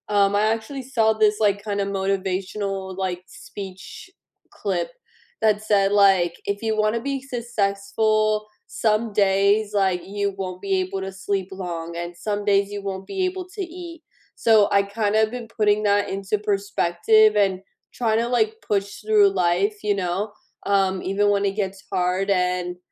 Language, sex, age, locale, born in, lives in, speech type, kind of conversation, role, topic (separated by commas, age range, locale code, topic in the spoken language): English, female, 25-29, en-US, United States, United States, conversation, unstructured, none, What new hobby have you started recently, what inspired you to try it, and how has it changed your daily routine?